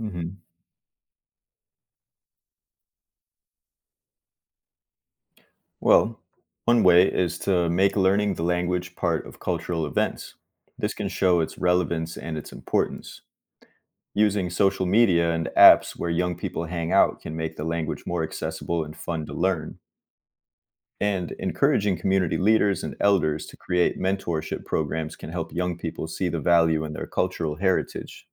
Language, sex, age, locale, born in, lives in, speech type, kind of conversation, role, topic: English, male, 30-34, en-US, United States, United States, read, expert-assistant, expert, What are the challenges of preserving endangered languages?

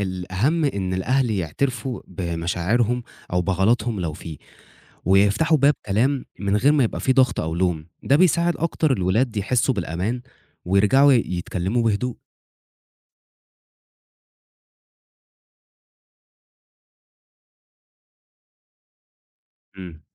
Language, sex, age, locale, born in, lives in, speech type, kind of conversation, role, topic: Arabic, male, 20-24, ar-EG, Egypt, Egypt, read, expert-assistant, expert, إيه مميزات وعيوب إن الأهل يكونوا صحاب لأولادهم بدل ما يكون في مسافة رسمية بينهم؟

